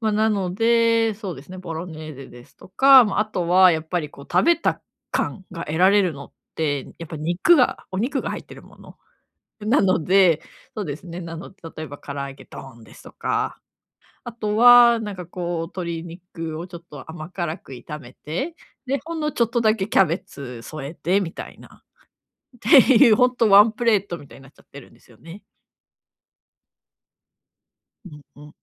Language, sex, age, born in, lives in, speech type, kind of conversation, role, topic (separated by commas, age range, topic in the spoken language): Japanese, female, 35-39, Japan, Japan, conversation, advice, user, 予算内で栄養のある食事をとるにはどうすればよいですか？